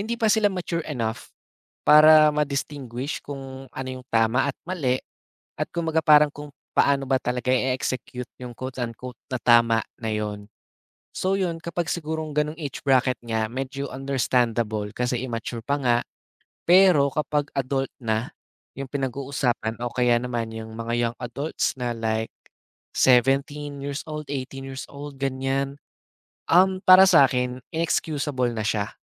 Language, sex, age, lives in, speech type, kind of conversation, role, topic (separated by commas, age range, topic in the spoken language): Filipino, male, 20-24, Philippines, conversation, podcast, guest, Paano mo ipinapakita ang paggalang mo sa kalikasan sa araw-araw?